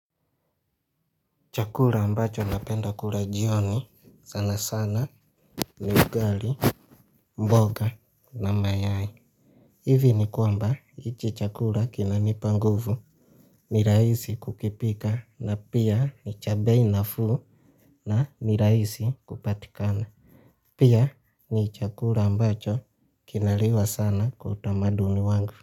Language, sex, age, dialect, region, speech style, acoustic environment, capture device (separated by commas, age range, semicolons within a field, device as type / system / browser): Swahili, male, 25-34, Kenya - Mombasa, Kenya, relaxed, nature; silence, mobile / Linux / Chrome